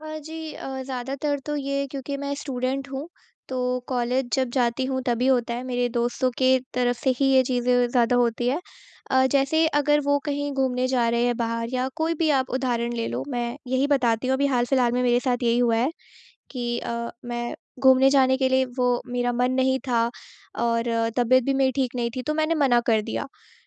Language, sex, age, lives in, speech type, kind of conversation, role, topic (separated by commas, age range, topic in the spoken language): Hindi, female, 20-24, India, conversation, advice, user, मैं अपनी सीमाएँ कैसे तय करूँ और उन्हें दूसरों के सामने स्पष्ट रूप से कैसे लागू करूँ?